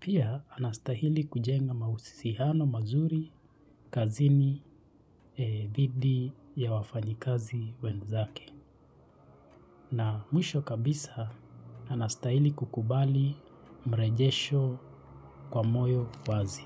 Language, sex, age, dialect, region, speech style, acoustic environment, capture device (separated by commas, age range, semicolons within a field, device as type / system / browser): Swahili, male, 25-34, Kenya - Nairobi Sheng-influenced, Kenya, focused; excited, people talking, mobile / Linux / Chrome